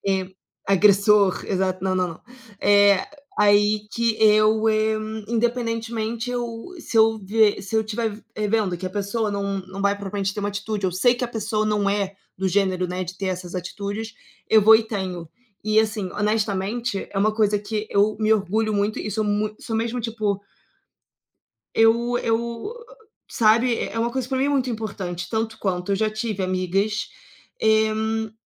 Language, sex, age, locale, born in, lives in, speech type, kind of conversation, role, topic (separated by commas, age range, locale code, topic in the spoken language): Portuguese, female, 25-29, pt-BR, Brazil, Portugal, conversation, unstructured, none, Como você costuma resolver desentendimentos com amigos?